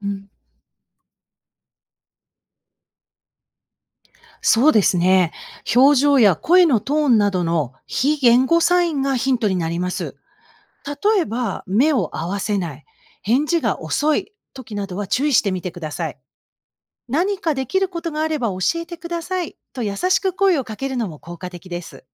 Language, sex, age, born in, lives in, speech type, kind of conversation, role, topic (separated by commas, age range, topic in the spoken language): Japanese, female, 55-59, Japan, United States, read, expert-assistant, expert, 助けを求める人が本当に必要としていることは、どうしたら分かると思いますか？